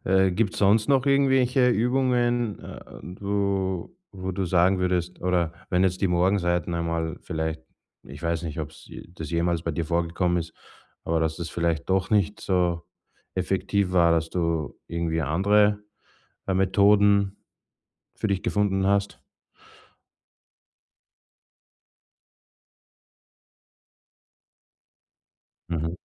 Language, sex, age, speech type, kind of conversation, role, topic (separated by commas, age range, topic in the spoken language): German, male, 35-39, conversation, podcast, host, Welche kleinen Übungen helfen dir dabei, festgefahrene Ideen wieder zu lockern?